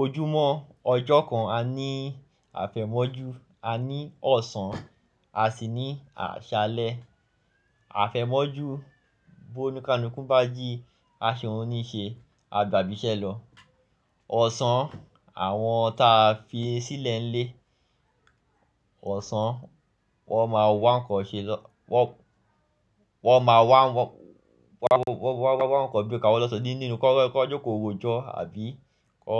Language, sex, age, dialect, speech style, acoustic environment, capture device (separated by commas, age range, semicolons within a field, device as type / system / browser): Yoruba, male, 25-34, Nigeria - Lagos Yoruba, focused, nature, mobile / Linux / Chrome